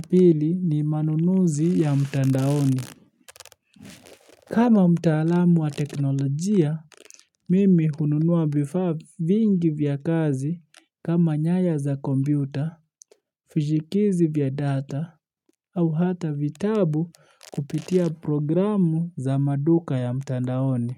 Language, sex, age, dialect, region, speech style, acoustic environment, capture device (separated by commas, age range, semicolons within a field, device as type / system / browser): Swahili, male, 25-34, Kenya - Nairobi Sheng-influenced, Kenya, relaxed, silence, mobile / Linux / Chrome